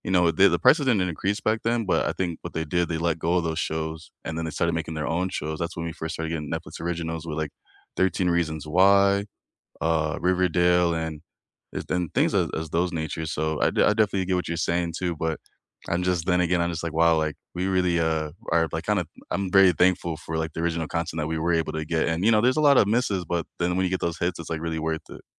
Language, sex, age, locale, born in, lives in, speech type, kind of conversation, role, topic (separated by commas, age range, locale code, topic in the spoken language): English, male, 25-29, en-US, United States, United States, conversation, unstructured, none, Should streaming platforms prioritize original content or large licensed libraries?